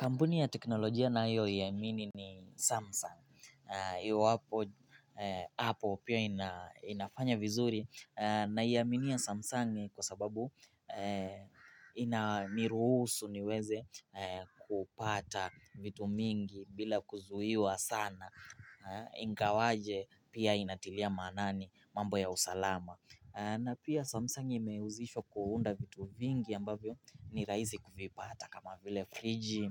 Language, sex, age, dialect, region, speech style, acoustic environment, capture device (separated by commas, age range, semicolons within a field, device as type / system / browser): Swahili, male, 18-24, Kenya - Mombasa, Kenya, happy; excited; focused; relaxed, silence, mobile / Linux / Chrome